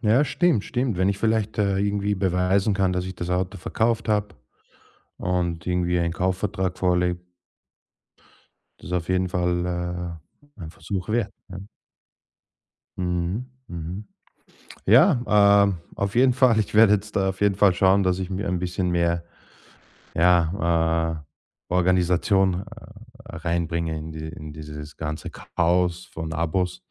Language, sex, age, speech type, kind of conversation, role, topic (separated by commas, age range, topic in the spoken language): German, male, 35-39, conversation, advice, user, Wie kann ich meine unübersichtlichen Abonnements in den Griff bekommen, damit sie nicht weiter mein Geld auffressen?